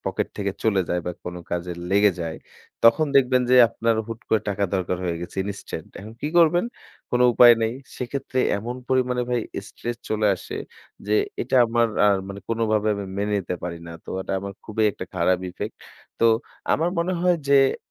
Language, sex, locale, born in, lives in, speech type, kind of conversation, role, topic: Bengali, female, bn-BD, Bangladesh, Bangladesh, conversation, unstructured, none, টাকা নিয়ে মানসিক চাপ কমানোর উপায় কি আপনার জানা আছে?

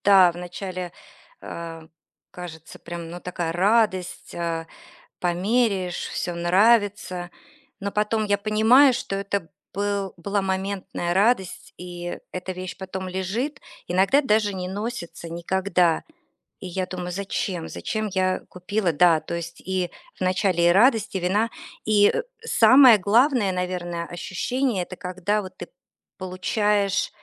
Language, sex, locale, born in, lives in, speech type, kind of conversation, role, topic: Russian, female, ru-RU, Russia, United States, conversation, advice, user, Почему я постоянно совершаю импульсивные покупки вещей, которые быстро становятся ненужными?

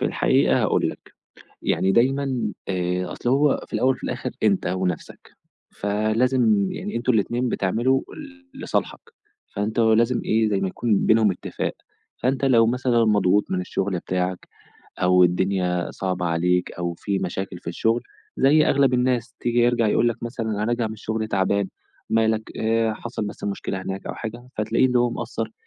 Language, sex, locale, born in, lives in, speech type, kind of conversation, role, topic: Arabic, male, ar-EG, Egypt, Egypt, conversation, podcast, guest, إزاي بتحافظ على التوازن بين شغلك وحياتك؟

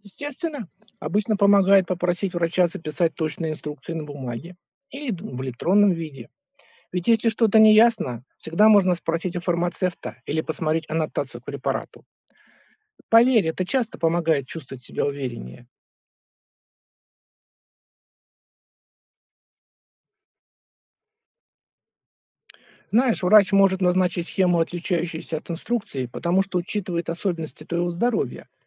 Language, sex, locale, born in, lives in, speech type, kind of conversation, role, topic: Russian, male, ru-RU, Russia, United States, read, expert-assistant, expert, Почему пациенты иногда получают противоречивые инструкции от сотрудников одной и той же клиники?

